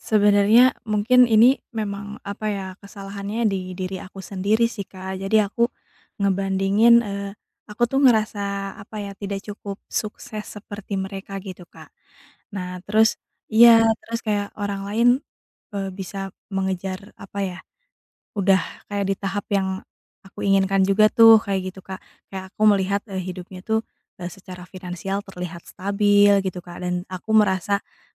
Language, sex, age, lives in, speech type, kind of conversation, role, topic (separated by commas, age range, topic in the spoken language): Indonesian, female, 30-34, Indonesia, conversation, advice, user, Mengapa saya terus membandingkan diri saya dengan orang lain?